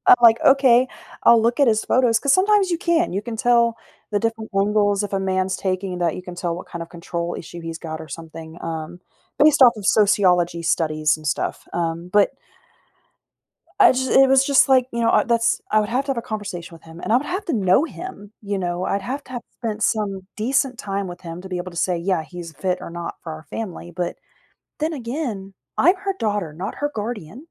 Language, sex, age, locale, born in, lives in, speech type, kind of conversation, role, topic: English, female, 30-34, en-US, United States, United States, conversation, advice, user, How do I rebuild trust after a disagreement?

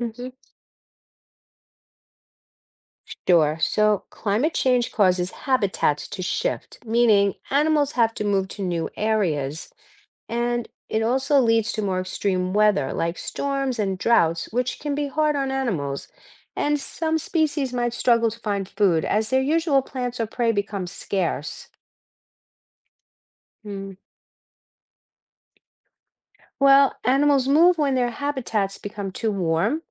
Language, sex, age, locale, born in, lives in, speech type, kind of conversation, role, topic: English, female, 60-64, en-US, United States, United States, read, expert-assistant, expert, What are the impacts of climate change on wildlife?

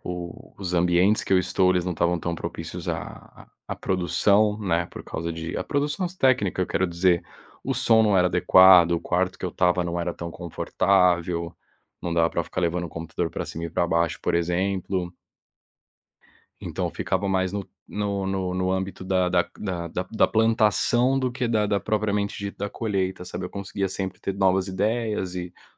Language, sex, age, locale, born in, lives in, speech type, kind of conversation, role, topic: Portuguese, male, 30-34, pt-BR, Brazil, Spain, conversation, podcast, guest, Você costuma mostrar os bastidores do seu processo? Por quê?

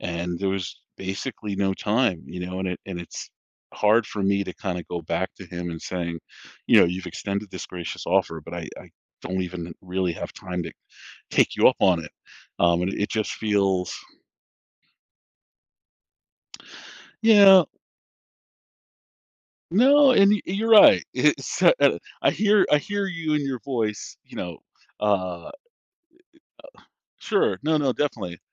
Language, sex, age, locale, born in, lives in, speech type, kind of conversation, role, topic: English, male, 40-44, en-US, United States, United States, conversation, advice, user, How do I reconnect with my family and address the guilt I feel for being distant?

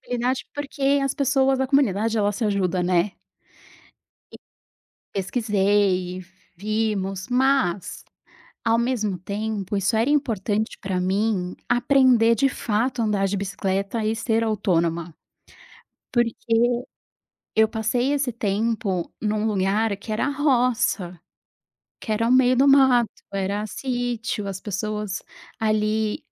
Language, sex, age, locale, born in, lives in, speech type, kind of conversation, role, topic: Portuguese, female, 35-39, pt-BR, Brazil, Portugal, conversation, podcast, guest, Me conta um momento em que reaprender foi libertador?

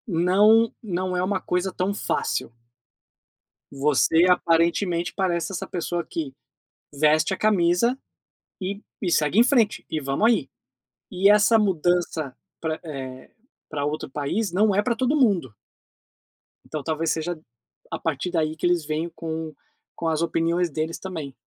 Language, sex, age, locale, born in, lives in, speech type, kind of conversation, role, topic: Portuguese, male, 45-49, pt-BR, Brazil, United States, conversation, advice, advisor, Como posso conciliar as expectativas sociais com a minha identidade pessoal?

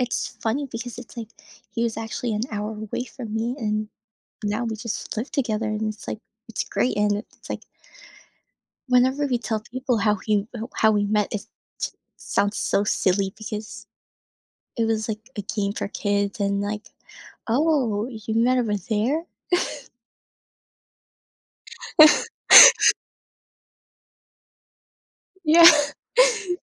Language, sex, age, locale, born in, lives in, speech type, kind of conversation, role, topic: English, female, 20-24, en-US, Philippines, United States, conversation, advice, user, How can I make new friends as an adult when I'm shy and short on time?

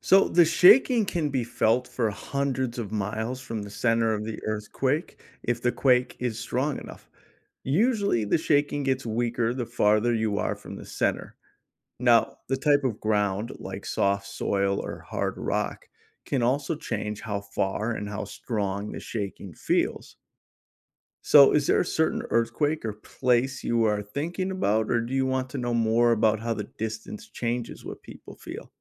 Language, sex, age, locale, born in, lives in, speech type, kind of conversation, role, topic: English, male, 40-44, en-US, United States, United States, read, expert-assistant, expert, What factors influence the strength and impact of earthquakes?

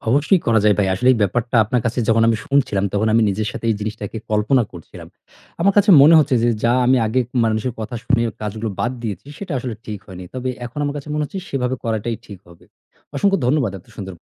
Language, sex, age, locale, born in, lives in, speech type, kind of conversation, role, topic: Bengali, male, 35-39, bn-BD, Bangladesh, Bangladesh, conversation, advice, user, নিজেকে অন্যদের সঙ্গে তুলনা করে কি আপনার হতাশা হচ্ছে?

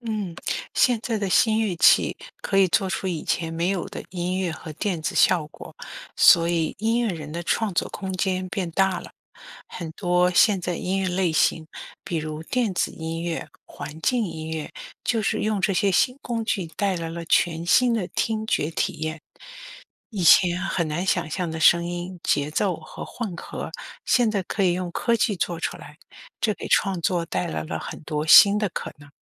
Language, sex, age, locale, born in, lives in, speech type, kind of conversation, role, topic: Chinese, female, 55-59, zh-CN, China, United States, read, expert-assistant, expert, 为什么人类会发明乐器？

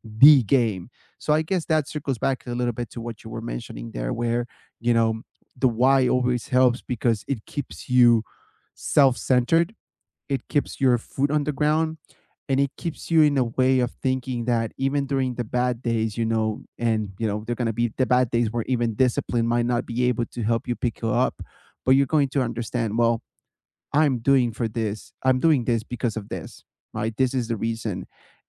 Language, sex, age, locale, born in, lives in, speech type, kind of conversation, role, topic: English, male, 30-34, en-US, United States, United States, conversation, unstructured, none, How do you stay motivated when working toward a big goal?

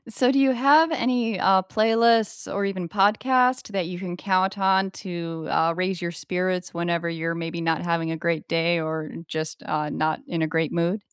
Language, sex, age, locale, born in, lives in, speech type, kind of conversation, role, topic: English, female, 40-44, en-US, United States, United States, conversation, unstructured, none, What playlists or podcasts reliably lift your mood?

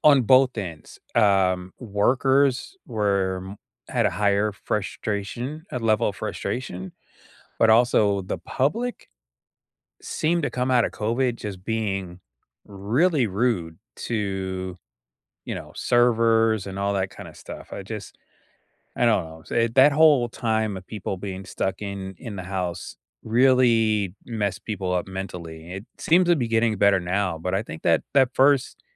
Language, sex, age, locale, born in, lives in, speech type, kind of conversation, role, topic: English, male, 50-54, en-US, United States, United States, conversation, unstructured, none, What is your go-to coffee shop for people-watching?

